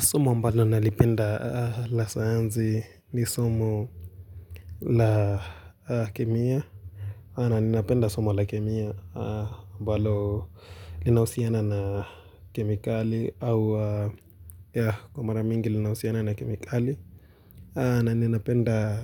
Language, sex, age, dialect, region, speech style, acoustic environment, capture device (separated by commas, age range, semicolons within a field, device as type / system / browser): Swahili, male, 18-24, Kenya - Nairobi Sheng-influenced, Kenya, relaxed, nature, mobile / Linux / Chrome